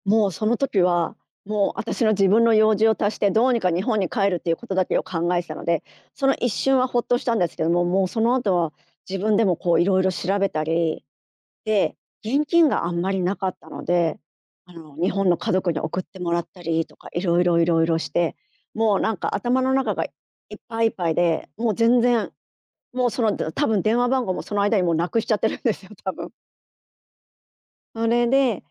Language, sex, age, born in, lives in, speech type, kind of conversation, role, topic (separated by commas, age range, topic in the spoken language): Japanese, female, 50-54, Japan, Japan, conversation, podcast, guest, 旅先で出会った親切な人の話を教えてください。